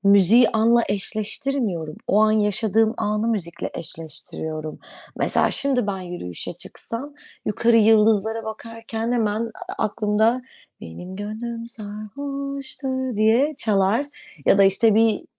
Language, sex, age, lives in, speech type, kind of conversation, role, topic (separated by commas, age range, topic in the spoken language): Turkish, female, 25-29, Netherlands, conversation, unstructured, none, Müzik dinlemek sizi nasıl etkiler?